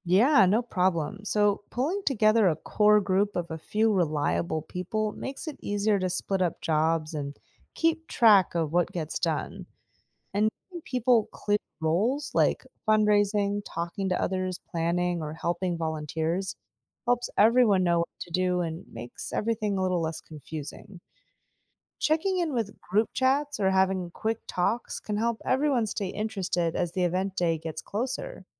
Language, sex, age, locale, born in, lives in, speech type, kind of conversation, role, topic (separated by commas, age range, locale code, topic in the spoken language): English, female, 35-39, en-US, United States, United States, read, expert-assistant, expert, How can you organize a charity walk or run in your town?